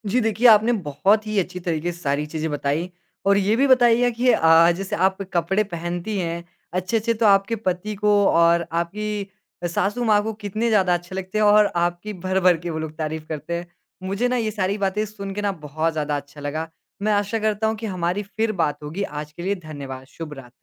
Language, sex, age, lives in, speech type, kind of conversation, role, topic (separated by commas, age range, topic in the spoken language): Hindi, male, 20-24, India, conversation, podcast, host, क्या आप कभी दूसरों को खुश करने के लिए अपने कपड़ों का चुनाव बदलते हैं?